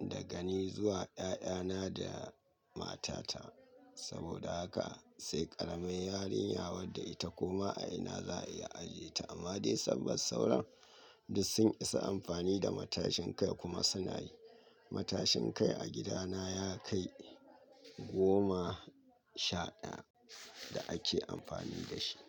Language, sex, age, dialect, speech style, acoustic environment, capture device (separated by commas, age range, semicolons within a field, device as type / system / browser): Hausa, male, 25-34, Nigeria - Katsina, happy, nature, mobile / Linux / Chrome